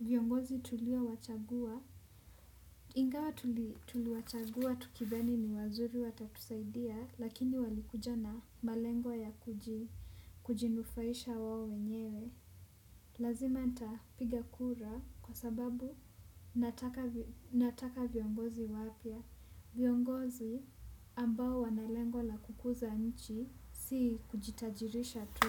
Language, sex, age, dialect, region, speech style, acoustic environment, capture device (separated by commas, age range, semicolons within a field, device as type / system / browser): Swahili, female, 18-24, Kenya - Nairobi Sheng-influenced, Kenya, relaxed; focused, traffic, desktop / Windows / Chrome